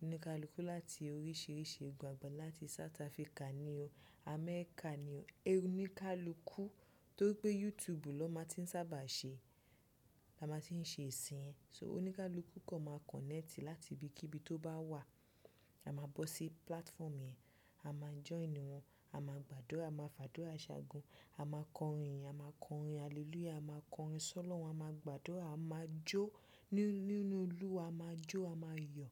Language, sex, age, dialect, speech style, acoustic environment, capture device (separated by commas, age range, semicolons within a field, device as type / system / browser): Yoruba, female, 25-34, Nigeria - Nigerian Standard, happy, people talking, desktop / Windows / Chrome